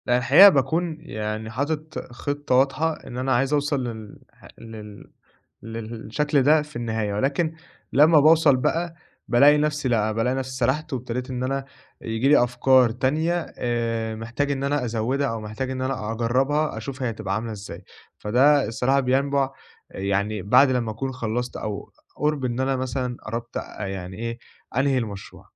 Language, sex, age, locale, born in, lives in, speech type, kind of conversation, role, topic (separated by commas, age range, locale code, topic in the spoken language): Arabic, male, 20-24, ar-EG, Egypt, Egypt, conversation, advice, user, إزاي الخوف من الفشل بيخلّيني أفضّل أعدّل في الشغل من غير ما أخلّصه؟